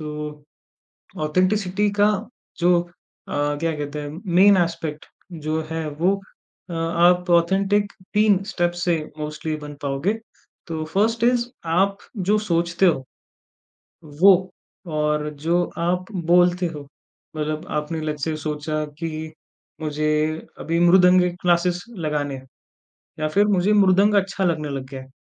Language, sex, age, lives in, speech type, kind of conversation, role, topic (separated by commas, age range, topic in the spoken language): Hindi, male, 20-24, India, conversation, podcast, guest, Tum apni tribe dhoondhne ka sabse pehla step kya maante ho?